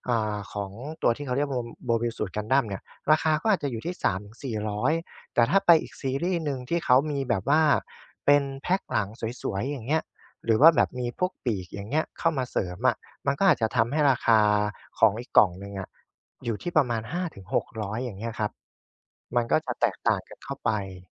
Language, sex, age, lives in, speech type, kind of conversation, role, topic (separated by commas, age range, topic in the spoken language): Thai, male, 25-29, Thailand, conversation, podcast, guest, จะเริ่มกลับมาทำงานอดิเรกอีกครั้งได้อย่างไรในช่วงที่ยุ่งมากๆ?